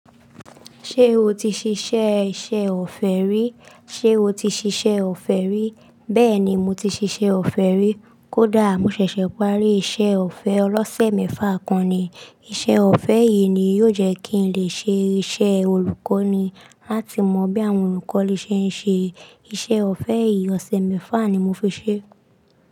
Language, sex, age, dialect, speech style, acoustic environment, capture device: Yoruba, female, 25-34, Nigeria - Lagos Yoruba, relaxed, silence, desktop / Linux / Chrome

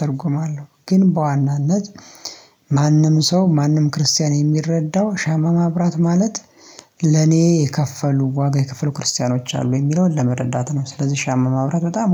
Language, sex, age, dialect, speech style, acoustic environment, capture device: Amharic, male, 25-34, Ethiopia - Addis Ababa, excited, silence, mobile / Linux / Chrome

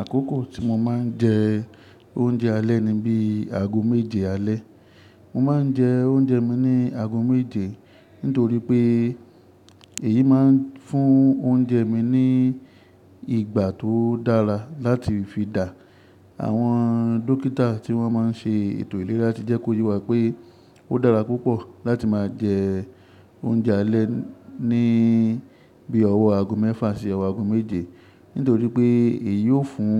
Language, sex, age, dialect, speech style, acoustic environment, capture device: Yoruba, male, 25-34, Nigeria - Ibadan, focused, nature, mobile / Linux / Chrome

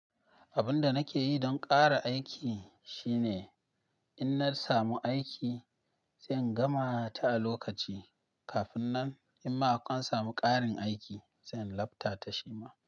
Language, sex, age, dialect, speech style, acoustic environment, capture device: Hausa, male, 25-34, Nigeria - Nigerian Standard, happy, silence, mobile / Linux / Chrome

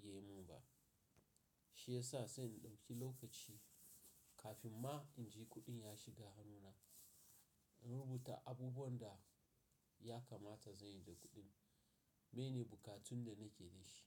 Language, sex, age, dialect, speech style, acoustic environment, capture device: Hausa, male, 35-44, Nigeria - Nigerian Standard, excited, nature, mobile / Linux / Chrome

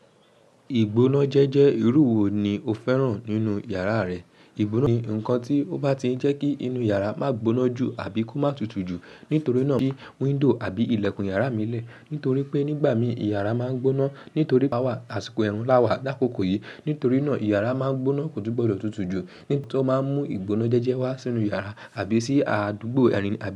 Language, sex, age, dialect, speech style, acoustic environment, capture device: Yoruba, female, 18-24, Nigeria - Lagos Yoruba, happy; relaxed, nature; people talking, mobile / macOS / Safari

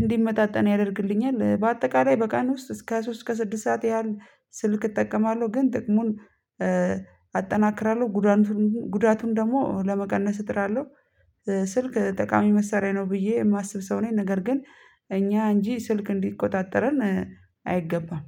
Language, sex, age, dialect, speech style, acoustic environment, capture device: Amharic, female, 25-34, Ethiopia - Addis Ababa, tired, people talking, mobile / Linux / Chrome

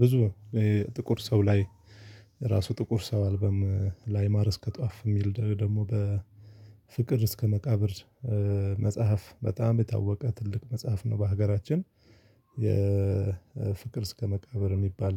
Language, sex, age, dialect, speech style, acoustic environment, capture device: Amharic, male, 35-44, Ethiopia - Addis Ababa, focused, silence, mobile / Linux / Chrome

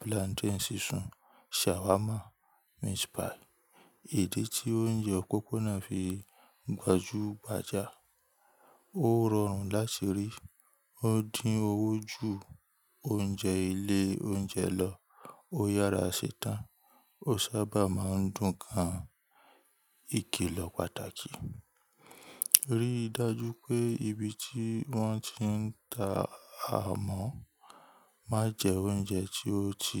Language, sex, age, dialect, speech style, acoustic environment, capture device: Yoruba, male, 25-34, Nigeria - Ibadan, relaxed, nature, mobile / Linux / Chrome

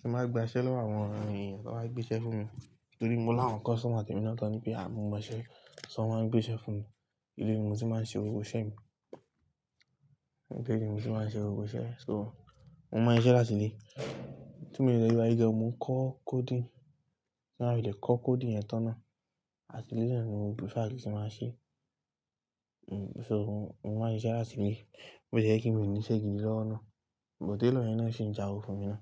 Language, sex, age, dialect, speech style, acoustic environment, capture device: Yoruba, male, 18-24, Nigeria - Lagos Yoruba, relaxed, appliances, mobile / Linux / Chrome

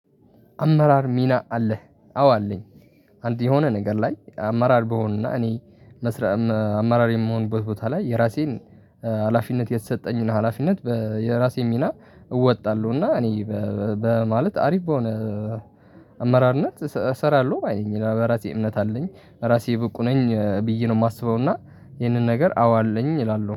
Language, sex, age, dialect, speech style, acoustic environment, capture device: Amharic, male, 18-24, Ethiopia - Tigray, relaxed, traffic, mobile / Linux / Chrome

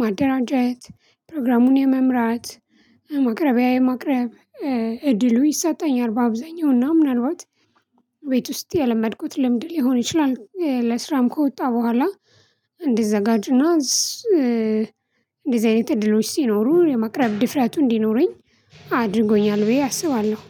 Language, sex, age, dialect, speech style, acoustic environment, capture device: Amharic, female, 25-34, Ethiopia - Addis Ababa, happy, nature; silence, mobile / Linux / Chrome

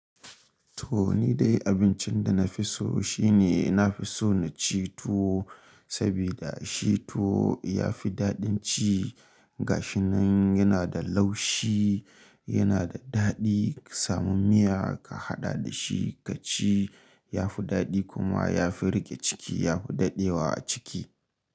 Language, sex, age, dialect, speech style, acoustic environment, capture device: Hausa, male, 25-34, Nigeria - Kano, happy, nature, mobile / Linux / Chrome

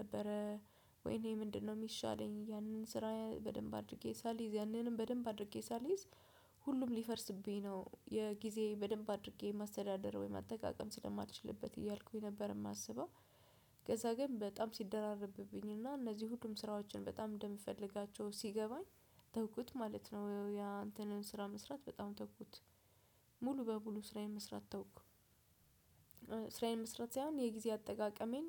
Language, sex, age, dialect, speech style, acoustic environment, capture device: Amharic, female, 25-34, Ethiopia - Addis Ababa, focused, silence, desktop / Windows / Chrome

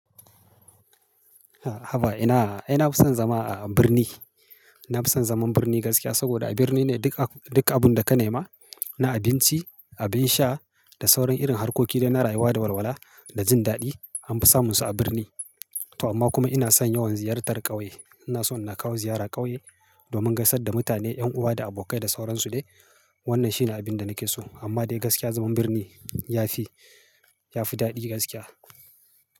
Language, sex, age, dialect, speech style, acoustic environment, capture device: Hausa, male, 35-44, Nigeria - Kano, happy, silence, mobile / Linux / Chrome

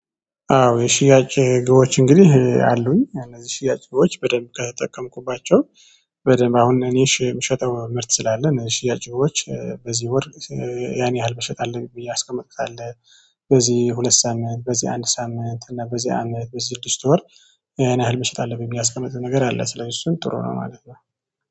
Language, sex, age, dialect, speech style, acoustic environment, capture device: Amharic, male, 25-34, Ethiopia - Tigray, happy, silence, mobile / Android / Chrome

